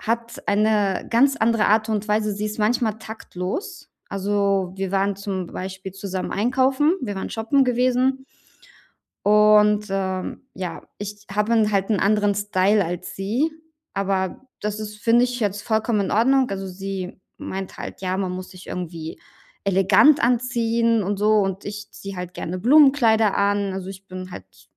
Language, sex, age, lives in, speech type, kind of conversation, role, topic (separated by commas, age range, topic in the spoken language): German, female, 35-39, Germany, conversation, advice, user, Wie gehe ich am Anfang einer neuen Beziehung mit Unsicherheit und Nervosität um?